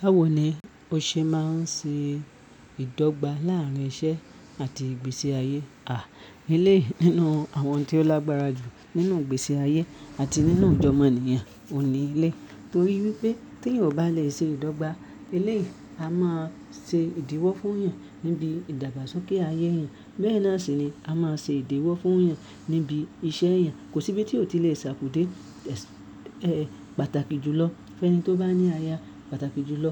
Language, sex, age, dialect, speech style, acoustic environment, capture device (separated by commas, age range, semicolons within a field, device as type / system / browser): Yoruba, male, 25-34, Nigeria - Ibadan, focused; happy; relaxed, silence; nature, mobile / Linux / Chrome